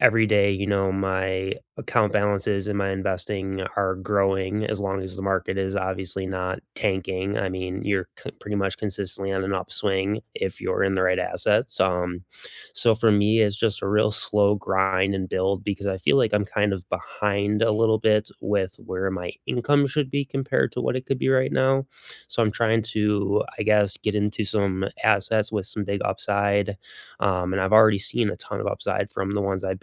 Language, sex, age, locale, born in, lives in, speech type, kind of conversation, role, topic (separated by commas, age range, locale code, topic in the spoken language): English, male, 35-39, en-US, United States, United States, conversation, unstructured, none, What is a small habit that has quietly improved your day-to-day life?